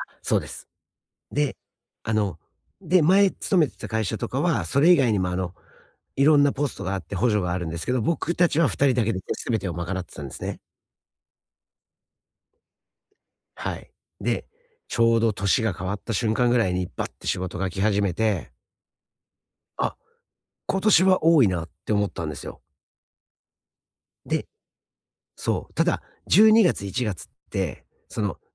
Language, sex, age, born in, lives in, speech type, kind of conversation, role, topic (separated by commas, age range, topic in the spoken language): Japanese, male, 45-49, Japan, United States, conversation, advice, user, プレッシャーが強いとき、どのように休息を取ればよいですか？